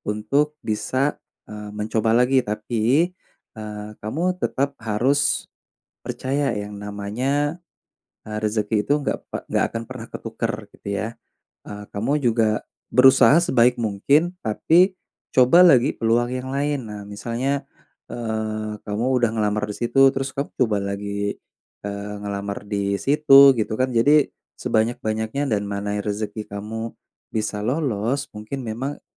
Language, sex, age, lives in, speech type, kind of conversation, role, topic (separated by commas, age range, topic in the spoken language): Indonesian, male, 30-34, Indonesia, conversation, advice, advisor, Bagaimana cara mengatasi rasa kecewa dan minder setelah lamaran kerja ditolak?